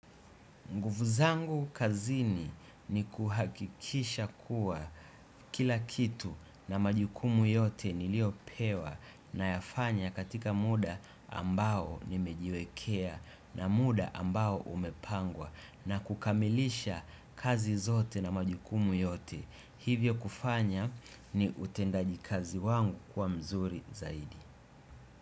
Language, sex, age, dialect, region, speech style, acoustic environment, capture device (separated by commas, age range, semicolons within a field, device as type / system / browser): Swahili, male, 25-34, Tanzania - Dar es Salaam, Tanzania, relaxed, nature, desktop / Windows / Chrome